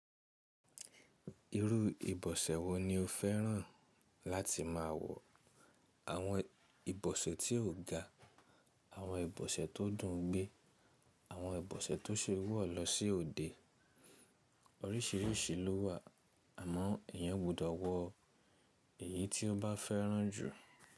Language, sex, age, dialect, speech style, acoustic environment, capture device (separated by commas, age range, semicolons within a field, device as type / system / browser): Yoruba, male, 25-34, Nigeria - Ibadan, relaxed; happy, silence, mobile / Linux / Chrome